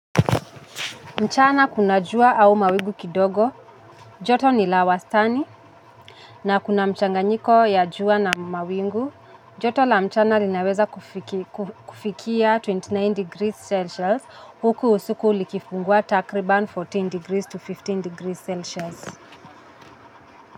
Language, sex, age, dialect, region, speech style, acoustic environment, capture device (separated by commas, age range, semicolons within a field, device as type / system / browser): Swahili, female, 25-34, Kenya - Nairobi Sheng-influenced, Kenya, anxious, traffic, mobile / Linux / Chrome